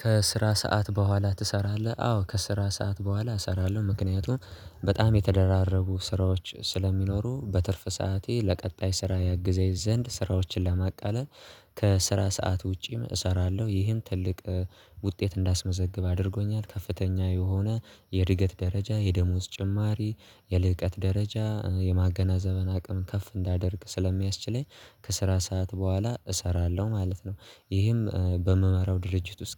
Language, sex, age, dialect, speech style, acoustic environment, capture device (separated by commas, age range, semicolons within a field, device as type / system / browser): Amharic, male, 18-24, Ethiopia - Addis Ababa, relaxed, silence, mobile / Linux / Chrome